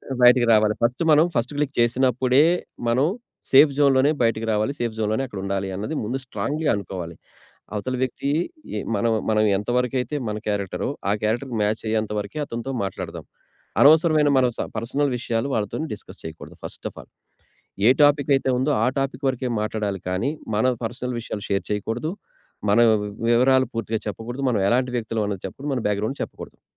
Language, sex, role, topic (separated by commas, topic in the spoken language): Telugu, male, guest, కొత్తగా పరిచయమైన వ్యక్తిపై నమ్మకం ఎలా ఏర్పడుతుంది?